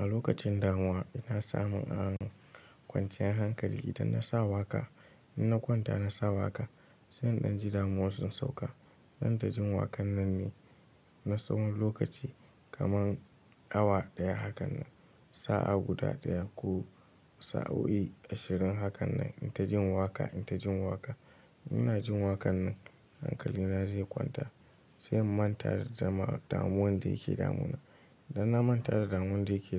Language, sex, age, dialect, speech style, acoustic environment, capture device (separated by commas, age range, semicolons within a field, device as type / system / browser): Hausa, male, 18-24, Nigeria - Kano, tired, nature, mobile / Linux / Chrome